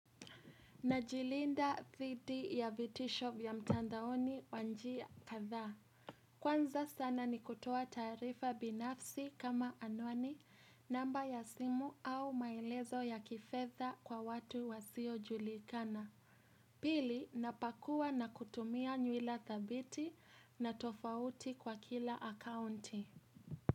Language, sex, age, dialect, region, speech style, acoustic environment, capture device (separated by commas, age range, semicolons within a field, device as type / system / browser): Swahili, female, 18-24, Kenya - Nairobi Sheng-influenced, Kenya, happy; relaxed, appliances, mobile / Linux / Chrome